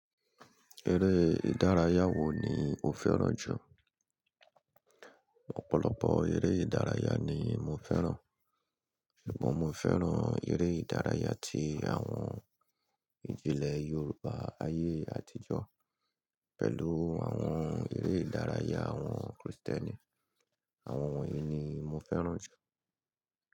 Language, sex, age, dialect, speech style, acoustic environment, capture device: Yoruba, male, 35-44, Nigeria - Lagos Yoruba, relaxed; happy, nature; people talking, mobile / Linux / Chrome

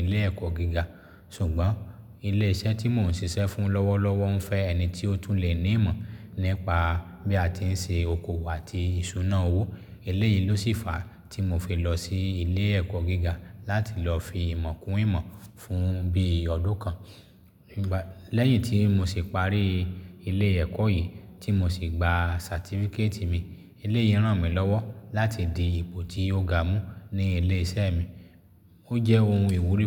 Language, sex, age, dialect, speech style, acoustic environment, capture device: Yoruba, male, 35-44, Nigeria - Lagos Yoruba, happy; relaxed; excited; focused, silence, mobile / Linux / Chrome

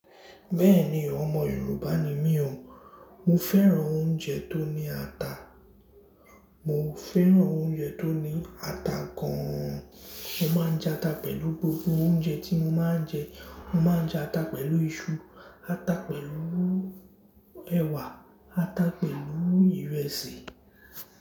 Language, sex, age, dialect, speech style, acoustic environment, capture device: Yoruba, male, 18-24, Nigeria - Lagos Yoruba, happy, silence; appliances, mobile / Linux / Chrome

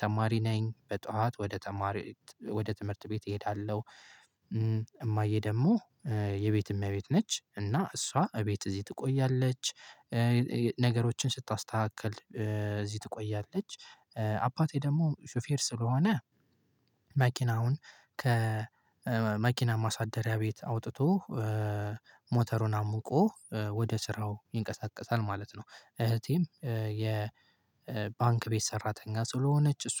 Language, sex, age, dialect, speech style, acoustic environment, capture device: Amharic, male, 18-24, Ethiopia - Gojjam, relaxed; happy; focused, silence, mobile / Linux / Chrome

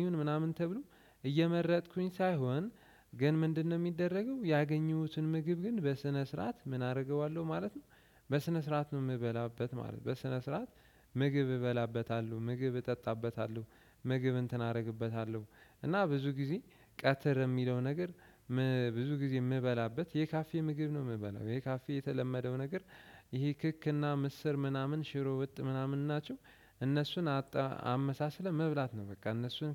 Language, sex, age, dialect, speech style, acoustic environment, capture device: Amharic, male, 18-24, Ethiopia - Addis Ababa, relaxed; focused, nature; appliances, desktop / Windows / Chrome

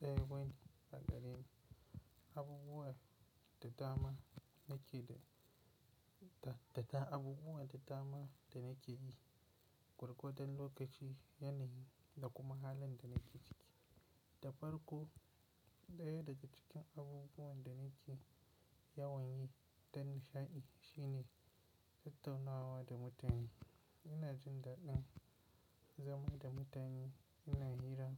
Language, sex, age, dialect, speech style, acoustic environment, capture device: Hausa, male, 18-24, Nigeria - Kano, relaxed, nature, mobile / Linux / Chrome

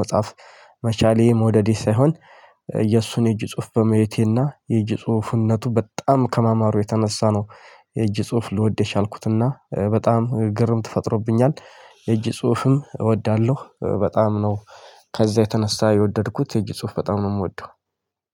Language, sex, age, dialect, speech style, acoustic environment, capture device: Amharic, male, 25-34, Ethiopia - Addis Ababa, happy, nature, mobile / Linux / Chrome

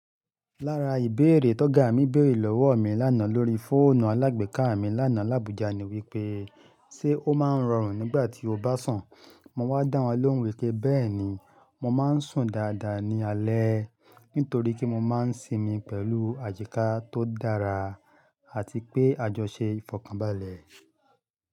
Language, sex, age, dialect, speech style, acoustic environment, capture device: Yoruba, male, 35-44, Nigeria - Ibadan, happy; excited; focused; relaxed, nature, mobile / Linux / Chrome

